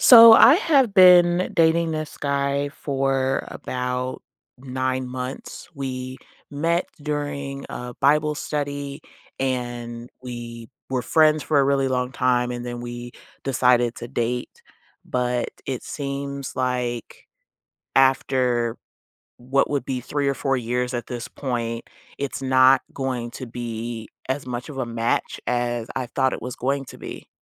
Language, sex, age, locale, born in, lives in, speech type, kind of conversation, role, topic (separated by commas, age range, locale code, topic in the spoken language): English, female, 30-34, en-US, United States, United States, conversation, advice, user, How can I decide whether to end my long-term relationship?